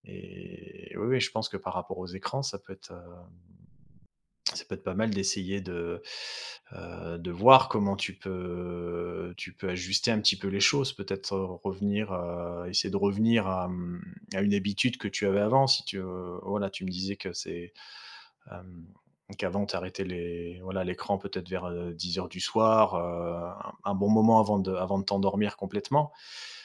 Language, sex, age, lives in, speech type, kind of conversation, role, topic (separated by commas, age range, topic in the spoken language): French, male, 35-39, France, conversation, advice, advisor, Comment puis-je améliorer ma routine de sommeil pour me réveiller plus énergique chaque matin ?